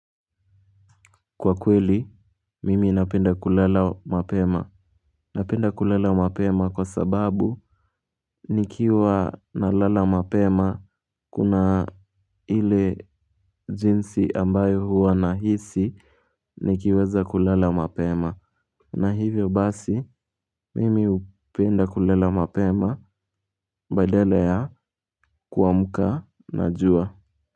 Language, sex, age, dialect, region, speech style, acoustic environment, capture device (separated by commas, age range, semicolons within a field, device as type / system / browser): Swahili, male, 18-24, Kenya - Nairobi Sheng-influenced, Kenya, happy; relaxed; focused, people talking; nature; silence; appliances, mobile / Linux / Chrome